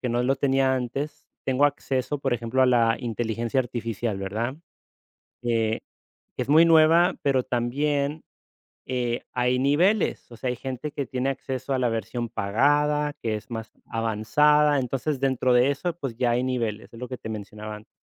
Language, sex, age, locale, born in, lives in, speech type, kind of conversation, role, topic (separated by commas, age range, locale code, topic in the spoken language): Spanish, male, 35-39, es-US, United States, Spain, conversation, unstructured, none, ¿Crees que la tecnología ayuda a que todas las personas tengan acceso a la educación?